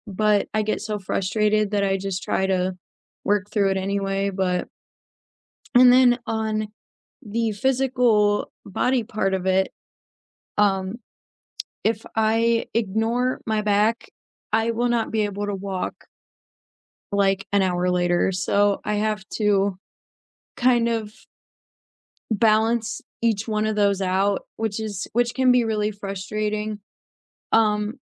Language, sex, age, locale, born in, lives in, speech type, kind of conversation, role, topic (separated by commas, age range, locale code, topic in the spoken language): English, female, 20-24, en-US, United States, United States, conversation, unstructured, none, How do you deal with days when your body and mind disagree about what you can handle?